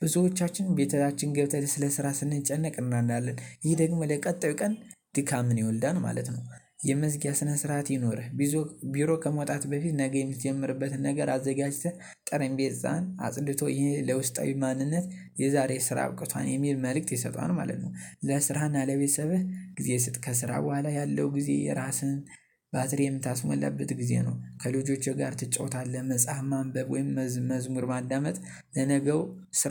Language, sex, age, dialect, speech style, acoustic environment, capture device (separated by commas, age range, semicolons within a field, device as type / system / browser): Amharic, male, 18-24, Ethiopia - Gondar, happy; excited; focused, nature; silence, mobile / Linux / Chrome